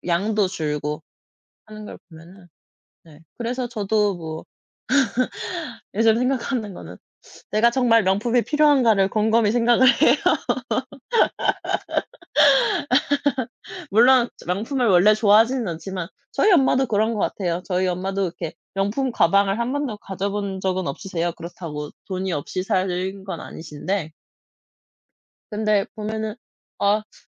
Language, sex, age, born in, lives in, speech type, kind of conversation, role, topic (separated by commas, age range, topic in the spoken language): Korean, male, 30-34, South Korea, France, conversation, unstructured, none, 월급이 적어도 잘 살 수 있는 방법이 있을까요?